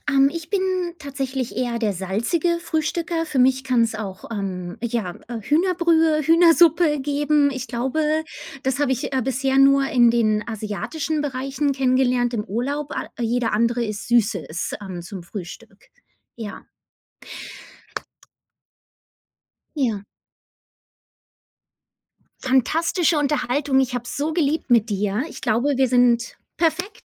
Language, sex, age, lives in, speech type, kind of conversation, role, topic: German, female, 40-44, United States, conversation, unstructured, none, Was bevorzugst du, Kaffee oder Tee, und warum?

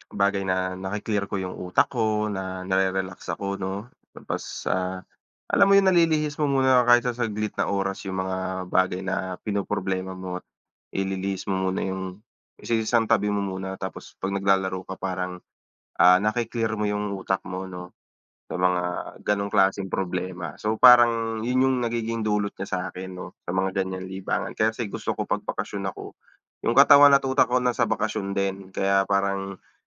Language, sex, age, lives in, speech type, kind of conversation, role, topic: Filipino, male, 30-34, Philippines, conversation, unstructured, none, Ano ang pinaka-paborito mong libangan tuwing bakasyon?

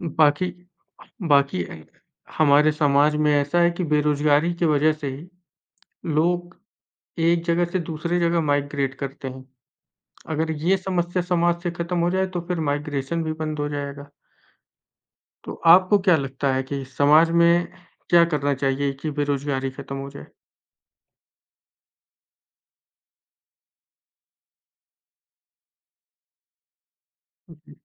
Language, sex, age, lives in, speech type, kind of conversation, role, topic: Hindi, male, 25-29, India, conversation, unstructured, none, आपके हिसाब से एक अच्छा समाज कैसा होना चाहिए?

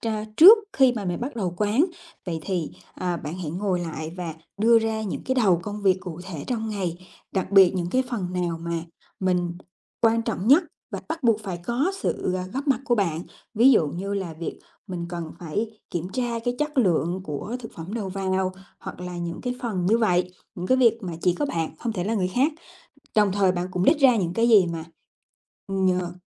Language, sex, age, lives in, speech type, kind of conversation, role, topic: Vietnamese, female, 30-34, Vietnam, conversation, advice, advisor, Làm sao tôi có thể quản lý thời gian và ưu tiên công việc startup một cách hiệu quả?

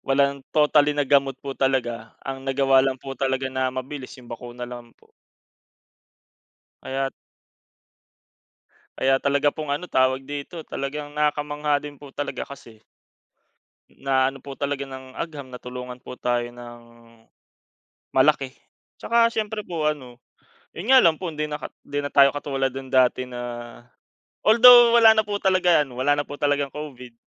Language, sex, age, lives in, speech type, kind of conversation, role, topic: Filipino, male, 25-29, Philippines, conversation, unstructured, none, Paano nakatutulong ang agham sa pagpapabuti ng kalusugan?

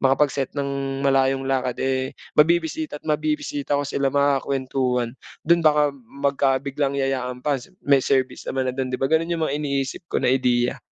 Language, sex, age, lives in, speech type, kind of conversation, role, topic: Filipino, male, 25-29, Philippines, conversation, advice, user, Paano ko mapagtatagumpayan ang takot sa pagbabago kapag sumusubok ako ng bagong libangan?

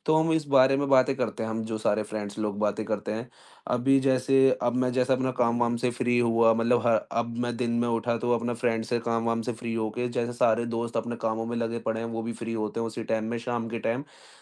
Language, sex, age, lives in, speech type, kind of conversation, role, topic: Hindi, male, 20-24, India, conversation, podcast, guest, Aap rozmarra ki zindagi se manoranjan ke ideas kaise nikalte hain?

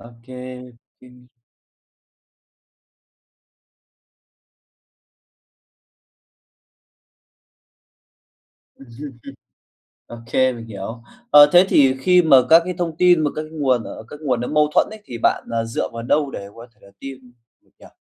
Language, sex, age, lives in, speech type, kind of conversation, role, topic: Vietnamese, male, 25-29, Vietnam, conversation, podcast, host, Làm sao sắp xếp kiến thức từ nhiều nguồn cho gọn gàng và dễ tìm lại?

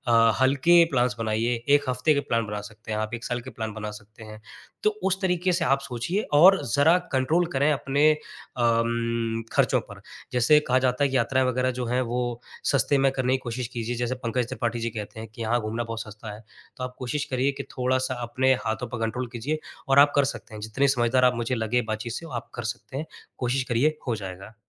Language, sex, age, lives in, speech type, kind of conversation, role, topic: Hindi, male, 25-29, India, conversation, advice, advisor, मेरे बचत लक्ष्य बार-बार पूरे क्यों नहीं हो पाते हैं?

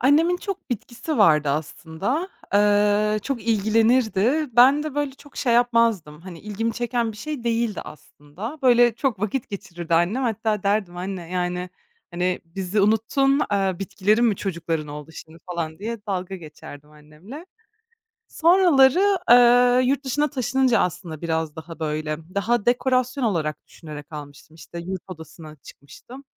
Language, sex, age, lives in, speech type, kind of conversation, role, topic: Turkish, female, 25-29, Germany, conversation, podcast, guest, Yeni başlayanlara ilk tavsiyen ne olur?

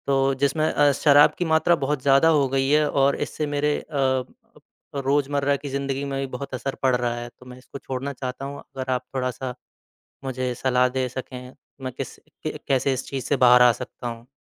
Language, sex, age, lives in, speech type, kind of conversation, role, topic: Hindi, male, 25-29, India, conversation, advice, user, क्या आप तनाव में बार-बार शराब या नशीले पदार्थों का सहारा लेते हैं?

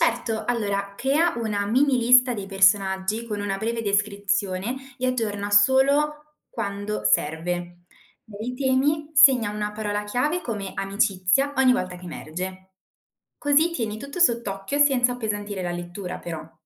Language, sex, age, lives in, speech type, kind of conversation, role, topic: Italian, female, 20-24, Italy, read, expert-assistant, expert, Quali strategie mi aiutano a capire davvero un romanzo classico senza sentirmi perso?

